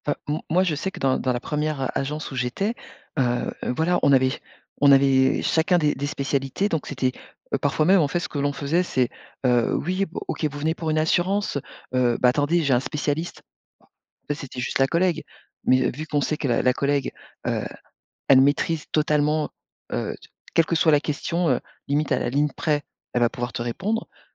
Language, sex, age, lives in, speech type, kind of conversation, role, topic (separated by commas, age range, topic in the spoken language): French, female, 45-49, France, conversation, podcast, guest, Qu’est-ce qui te motive vraiment au travail ?